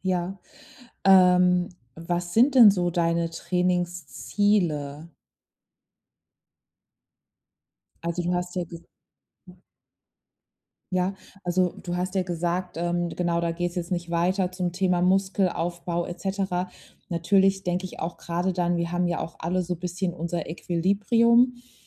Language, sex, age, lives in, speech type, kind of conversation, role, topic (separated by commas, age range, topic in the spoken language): German, female, 30-34, Germany, conversation, advice, advisor, Wie kann ich meine Alltagsgewohnheiten beobachten und Muster im Alltag erkennen?